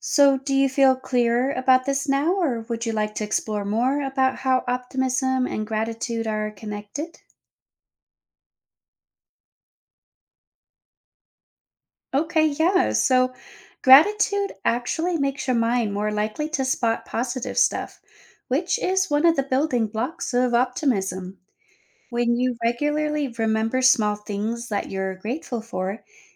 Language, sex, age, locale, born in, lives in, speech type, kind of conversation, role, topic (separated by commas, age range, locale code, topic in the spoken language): English, female, 30-34, en-US, United States, United States, read, expert-assistant, expert, How does gratitude improve happiness?